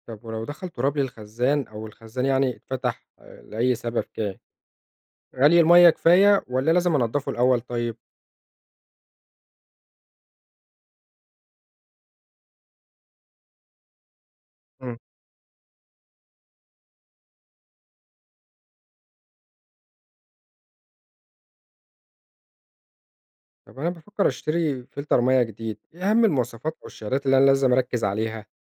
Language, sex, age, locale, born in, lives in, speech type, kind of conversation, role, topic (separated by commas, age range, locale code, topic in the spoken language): Arabic, male, 25-29, ar-EG, Egypt, Egypt, read, expert-assistant, asker, هل فعلاً شرب المية من الحنفية في مصر خطر على الصحة؟